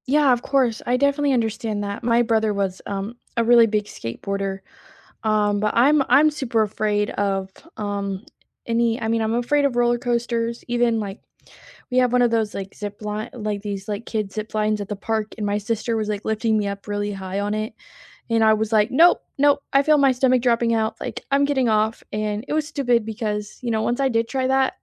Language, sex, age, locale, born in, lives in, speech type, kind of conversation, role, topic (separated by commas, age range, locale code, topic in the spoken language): English, female, 20-24, en-US, United States, United States, conversation, unstructured, none, How do you deal with fear of failing when trying a new hobby?